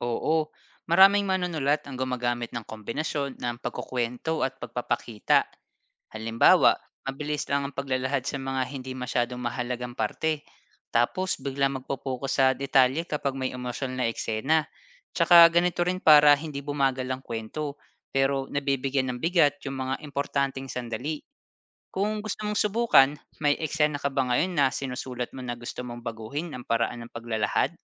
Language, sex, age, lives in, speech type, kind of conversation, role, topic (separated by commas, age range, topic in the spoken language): Filipino, male, 30-34, Philippines, read, expert-assistant, expert, Paano mo babalansehin ang pagpapakita at pagkukuwento sa iyong kwento?